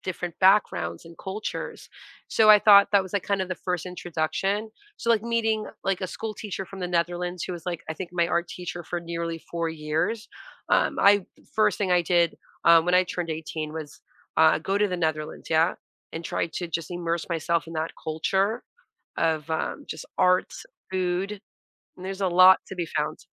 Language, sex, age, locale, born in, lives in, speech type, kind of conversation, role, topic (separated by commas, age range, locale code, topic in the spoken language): English, female, 40-44, en-US, United States, United States, conversation, podcast, guest, In what ways can travel broaden your understanding of the world and yourself?